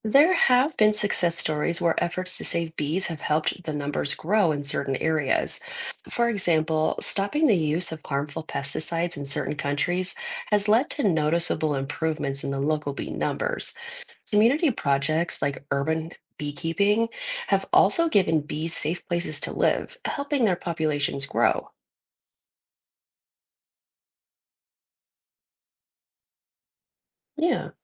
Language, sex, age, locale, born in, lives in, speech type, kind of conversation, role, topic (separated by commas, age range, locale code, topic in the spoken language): English, female, 30-34, en-US, United States, United States, read, expert-assistant, expert, What role do bees play in our ecosystem?